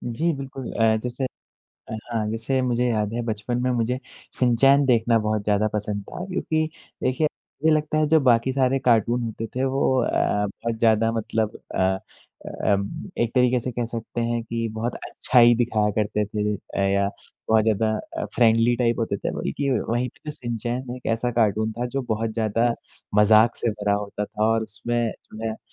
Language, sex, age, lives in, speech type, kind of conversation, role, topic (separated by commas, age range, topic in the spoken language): Hindi, male, 20-24, India, conversation, unstructured, none, आपके बचपन का सबसे पसंदीदा कार्टून कौन-सा था?